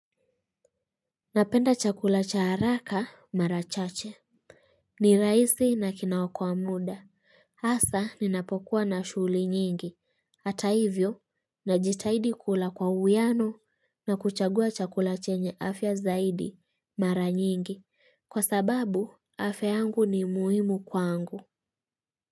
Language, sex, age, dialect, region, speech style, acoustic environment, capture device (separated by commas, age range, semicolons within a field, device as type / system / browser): Swahili, female, 25-34, Kenya - Kitui, Kenya, happy, nature; appliances, mobile / Linux / Chrome